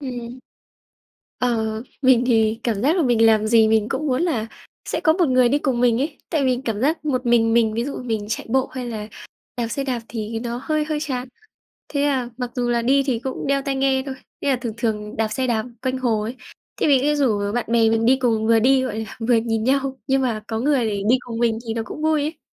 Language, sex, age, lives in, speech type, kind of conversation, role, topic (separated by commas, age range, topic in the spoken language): Vietnamese, female, 20-24, Vietnam, conversation, unstructured, none, Tập thể dục có thể giúp giảm căng thẳng như thế nào?